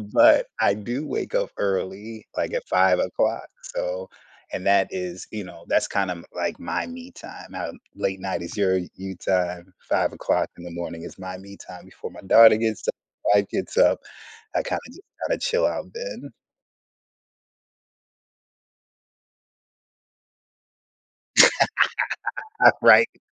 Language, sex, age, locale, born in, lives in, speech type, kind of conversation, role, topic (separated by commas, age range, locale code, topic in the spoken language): English, male, 45-49, en-US, United States, United States, conversation, unstructured, none, How do I spot when my priorities need to shift?